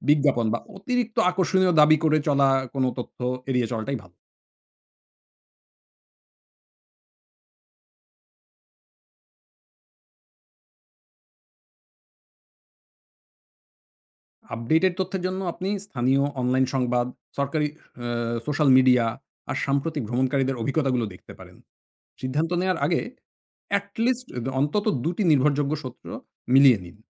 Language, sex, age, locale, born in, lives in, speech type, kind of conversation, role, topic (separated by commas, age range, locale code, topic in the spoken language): Bengali, male, 40-44, bn-BD, Bangladesh, Finland, read, expert-assistant, expert, ভ্রমণের পরিকল্পনা করতে গেলে কোন কোন জিনিস আগে শিখে নেওয়া দরকার?